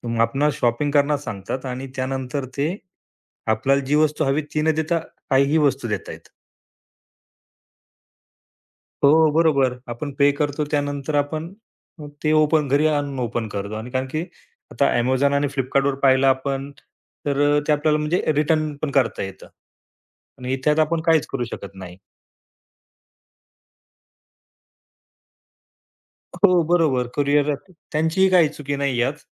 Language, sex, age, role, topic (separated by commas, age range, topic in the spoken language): Marathi, male, 35-39, host, ऑनलाइन पेमेंट्स करताना तुम्ही कोणती काळजी घेता?